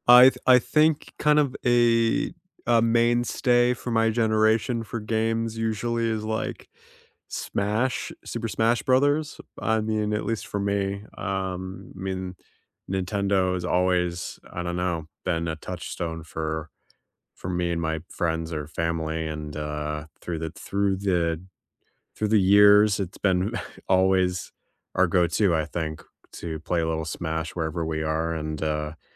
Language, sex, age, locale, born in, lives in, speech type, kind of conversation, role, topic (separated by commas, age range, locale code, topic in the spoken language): English, male, 30-34, en-US, United States, United States, conversation, unstructured, none, What video, board, or party games do you swear by for game night?